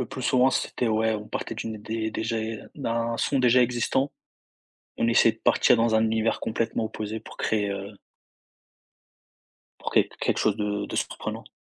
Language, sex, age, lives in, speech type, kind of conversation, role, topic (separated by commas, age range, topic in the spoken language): French, male, 20-24, France, conversation, podcast, guest, Préférez-vous créer seul ou en groupe, et pourquoi ?